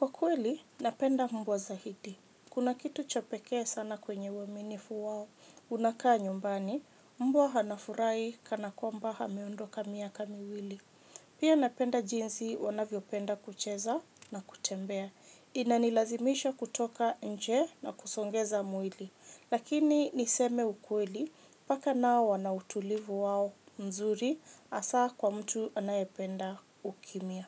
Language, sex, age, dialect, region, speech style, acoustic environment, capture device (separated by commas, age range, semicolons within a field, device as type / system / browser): Swahili, female, 25-34, Kenya - Nairobi Sheng-influenced, Kenya, happy, silence, mobile / Linux / Chrome